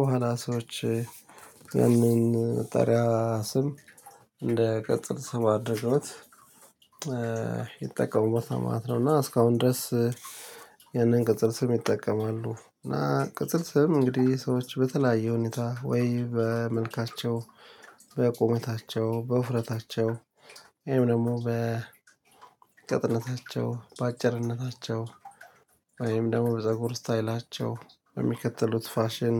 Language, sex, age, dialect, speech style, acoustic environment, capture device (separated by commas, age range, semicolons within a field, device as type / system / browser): Amharic, male, 35-44, Ethiopia - Addis Ababa, tired, nature, mobile / Linux / Chrome